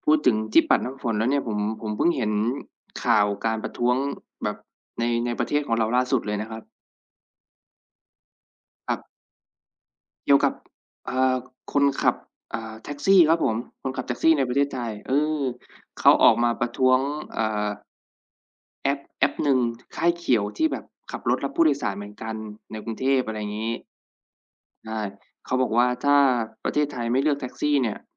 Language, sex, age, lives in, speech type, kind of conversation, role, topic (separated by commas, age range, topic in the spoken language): Thai, male, 25-29, Thailand, conversation, unstructured, none, การประท้วงของประชาชนช่วยเปลี่ยนแปลงประเทศได้จริงหรือไม่?